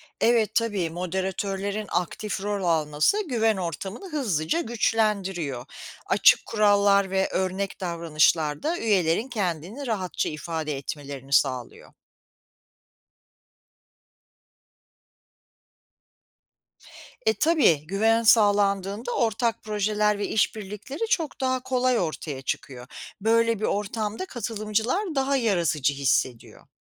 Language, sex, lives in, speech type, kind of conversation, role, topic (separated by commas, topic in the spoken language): Turkish, female, United States, read, expert-assistant, expert, Gazetecilikte okuyucularla güçlü ve güvene dayalı bir ilişki kurmanın yolları nelerdir?